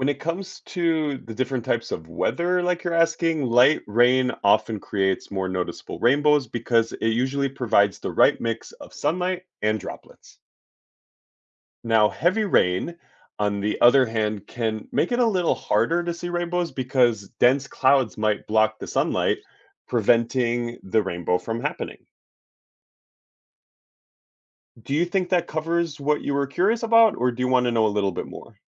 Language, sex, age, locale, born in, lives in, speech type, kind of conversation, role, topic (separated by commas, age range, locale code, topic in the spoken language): English, male, 30-34, en-US, United States, United States, read, expert-assistant, expert, How do rainbows form in the sky?